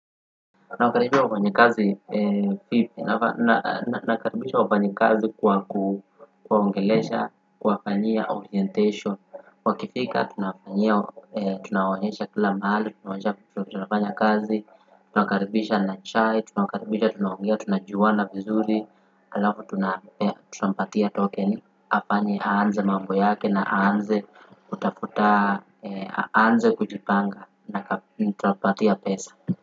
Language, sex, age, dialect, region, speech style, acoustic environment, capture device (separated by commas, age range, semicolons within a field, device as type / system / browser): Swahili, male, 18-24, Kenya - Nairobi Sheng-influenced, Kenya, happy, people talking, desktop / Windows / Firefox